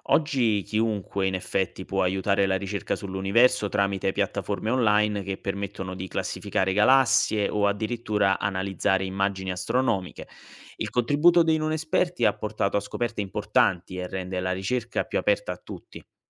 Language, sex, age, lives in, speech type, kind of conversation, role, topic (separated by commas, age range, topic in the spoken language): Italian, male, 25-29, Italy, read, expert-assistant, expert, Come possiamo capire se una notizia sull’universo è davvero affidabile?